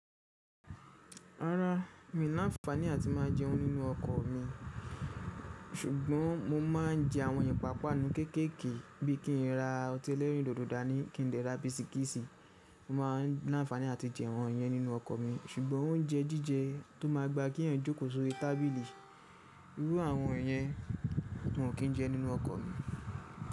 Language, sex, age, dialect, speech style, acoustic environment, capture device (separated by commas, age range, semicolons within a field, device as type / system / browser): Yoruba, male, 25-34, Nigeria - Ibadan, happy; focused, silence; nature, mobile / Linux / Chrome